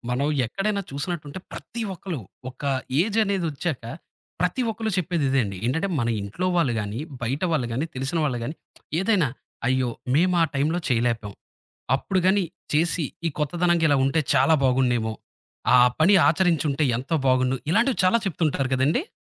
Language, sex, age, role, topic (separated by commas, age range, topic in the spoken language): Telugu, male, 30-34, host, పాత నమ్మకాన్ని విడిచి కొత్తదాన్ని ఆచరించడం మీకు ఎలా అనిపించింది?